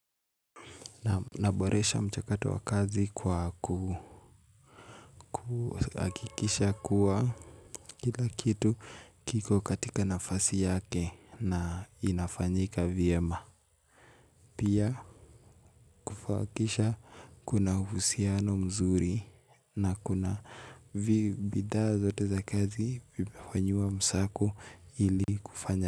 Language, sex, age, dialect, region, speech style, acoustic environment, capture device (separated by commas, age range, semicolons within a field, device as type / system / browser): Swahili, male, 18-24, Kenya - Nairobi Sheng-influenced, Kenya, happy, music, mobile / Linux / Chrome